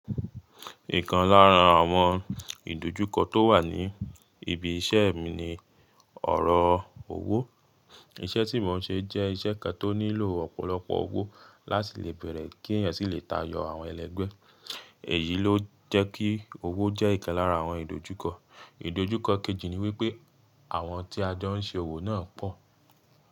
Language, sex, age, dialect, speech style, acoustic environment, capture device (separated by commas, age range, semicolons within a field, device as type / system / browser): Yoruba, male, 25-34, Nigeria - Ibadan, relaxed, silence, mobile / Linux / Chrome